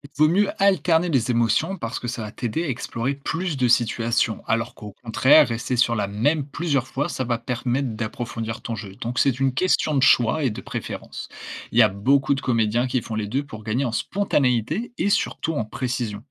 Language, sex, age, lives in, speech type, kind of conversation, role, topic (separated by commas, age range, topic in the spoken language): French, male, 20-24, France, read, expert-assistant, expert, Quels petits exercices quotidiens peuvent vraiment aider à progresser dans les arts du spectacle ?